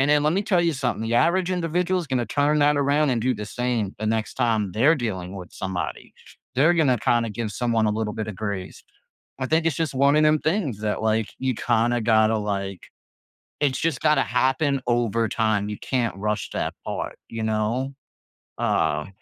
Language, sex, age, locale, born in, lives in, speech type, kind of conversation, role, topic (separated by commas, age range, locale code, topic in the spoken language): English, male, 30-34, en-US, United States, United States, conversation, podcast, guest, What motivates you to try to make a difference in the world?